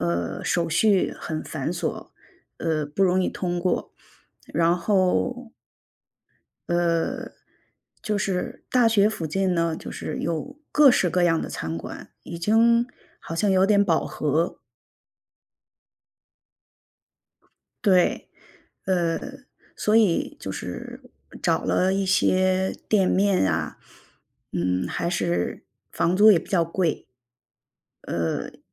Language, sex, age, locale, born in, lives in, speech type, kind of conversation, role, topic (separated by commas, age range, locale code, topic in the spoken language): Chinese, female, 45-49, zh-CN, China, United States, conversation, advice, user, 一件重大事件是如何打乱你的长期生活节奏的？